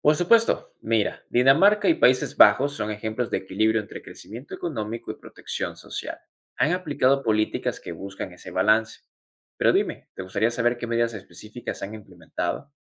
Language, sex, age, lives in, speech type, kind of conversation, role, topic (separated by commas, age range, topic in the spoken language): Spanish, male, 20-24, United States, read, expert-assistant, expert, ¿Qué fuentes te han ayudado a ver los dos lados de la globalización, tanto lo bueno como lo complicado?